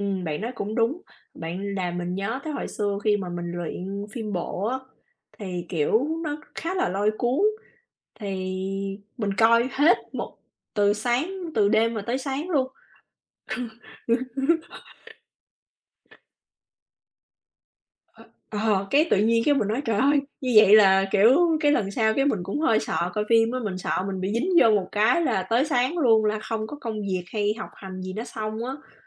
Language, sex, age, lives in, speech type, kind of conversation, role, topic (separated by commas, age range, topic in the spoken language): Vietnamese, female, 35-39, United States, conversation, unstructured, none, Điều gì khiến một bộ phim trở thành kinh điển trong lòng bạn?